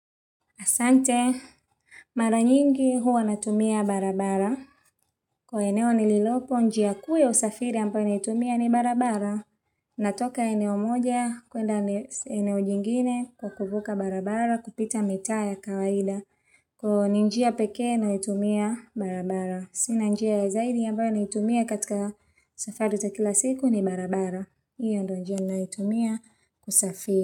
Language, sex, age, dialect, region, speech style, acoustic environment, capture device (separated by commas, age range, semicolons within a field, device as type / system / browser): Swahili, female, 25-34, Tanzania - Dar es Salaam, Tanzania, happy; relaxed, silence, mobile / Linux / Chrome